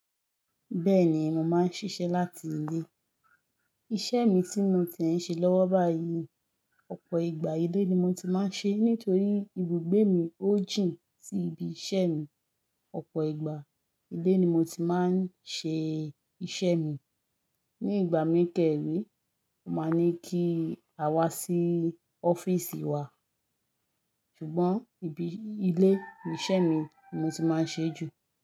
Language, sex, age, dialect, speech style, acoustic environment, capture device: Yoruba, female, 25-34, Nigeria - Lagos Yoruba, focused, nature, mobile / Linux / Chrome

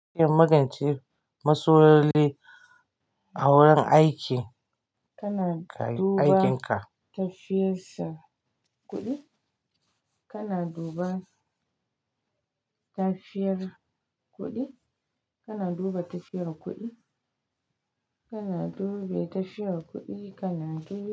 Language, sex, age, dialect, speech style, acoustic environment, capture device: Hausa, female, 45-54, Nigeria - Kano, happy; focused, nature; silence; appliances, mobile / Linux / Chrome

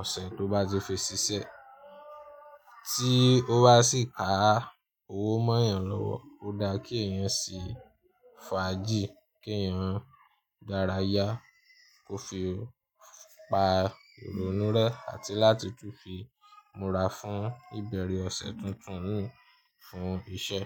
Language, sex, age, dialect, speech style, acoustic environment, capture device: Yoruba, male, 25-34, Nigeria - Ibadan, happy; anxious; nervous; excited, people talking; music; traffic; construction, mobile / Linux / Chrome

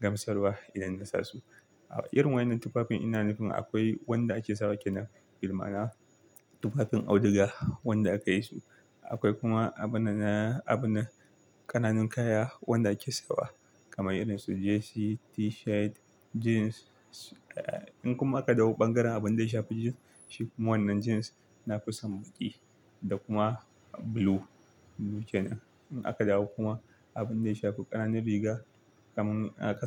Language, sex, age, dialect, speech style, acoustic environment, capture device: Hausa, male, 25-34, Nigeria - Kano, focused, silence, desktop / Linux / Chrome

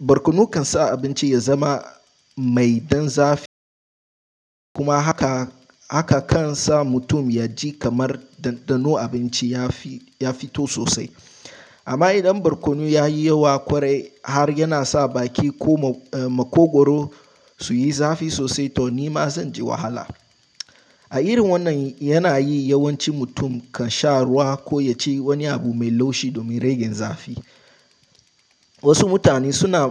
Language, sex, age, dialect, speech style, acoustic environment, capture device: Hausa, male, 18-24, Nigeria - Nigerian Standard, relaxed, silence, mobile / Linux / Chrome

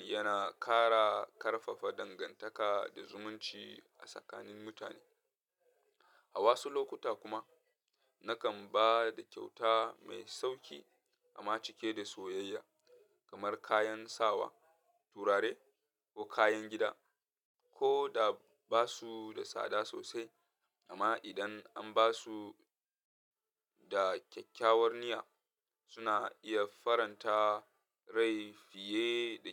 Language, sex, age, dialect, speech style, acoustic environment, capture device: Hausa, male, 25-34, Nigeria - Katsina, happy; nervous; anxious, people talking; traffic; music; appliances, mobile / Linux / Chrome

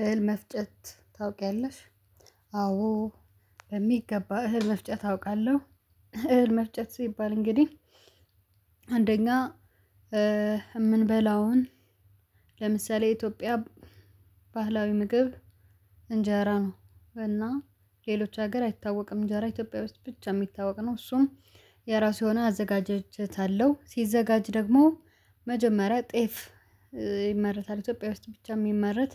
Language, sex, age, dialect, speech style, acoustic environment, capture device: Amharic, female, 25-34, Ethiopia - Addis Ababa, happy, nature, mobile / Linux / Chrome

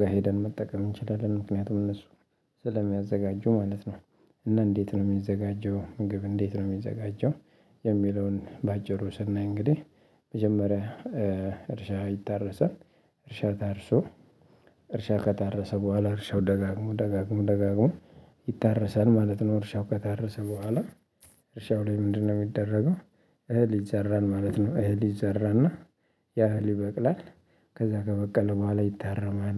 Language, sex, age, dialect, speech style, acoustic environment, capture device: Amharic, male, 25-34, Ethiopia - Addis Ababa, happy, nature; people talking, mobile / Linux / Chrome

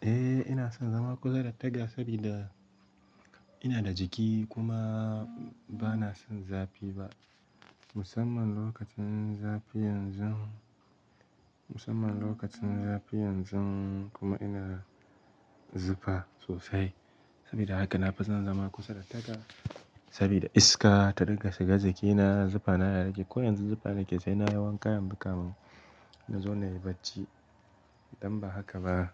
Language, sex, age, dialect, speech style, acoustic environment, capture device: Hausa, male, 18-24, Nigeria - Kano, relaxed, silence, mobile / Linux / Chrome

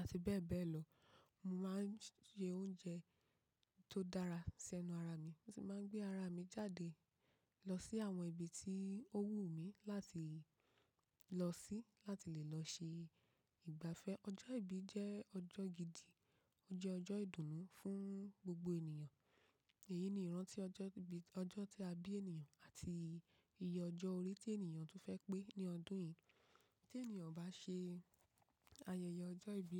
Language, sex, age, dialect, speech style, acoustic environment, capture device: Yoruba, female, 25-34, Nigeria - Ibadan, relaxed; focused, nature, mobile / macOS / Safari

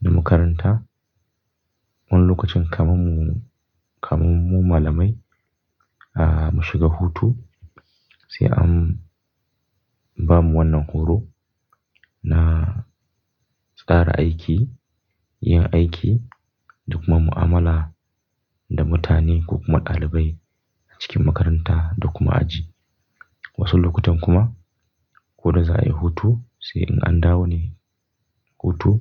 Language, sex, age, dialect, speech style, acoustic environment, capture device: Hausa, male, 25-34, Nigeria - Kano, relaxed; focused, nature; silence, mobile / Linux / Chrome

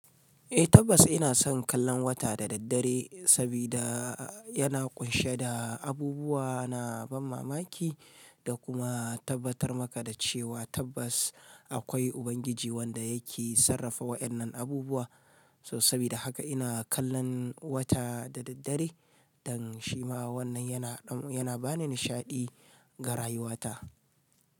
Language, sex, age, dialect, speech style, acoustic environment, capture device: Hausa, male, 35-44, Nigeria - Kano, happy; relaxed; excited; focused, silence; nature, mobile / Linux / Chrome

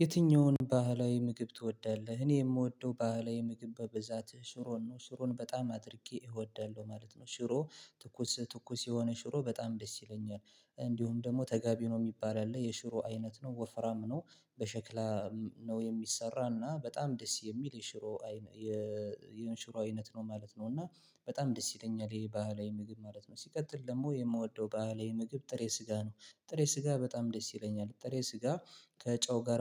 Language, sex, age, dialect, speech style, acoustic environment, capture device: Amharic, male, 25-34, Ethiopia - Gondar, happy, silence, mobile / Linux / Chrome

